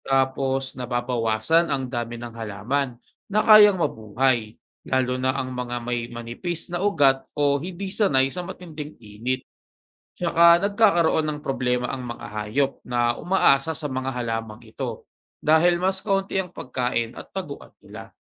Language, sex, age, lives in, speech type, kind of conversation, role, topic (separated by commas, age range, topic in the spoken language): Filipino, male, 25-29, Philippines, read, expert-assistant, expert, Paano nakakaapekto ang pag-init ng mundo sa mga hayop sa disyerto?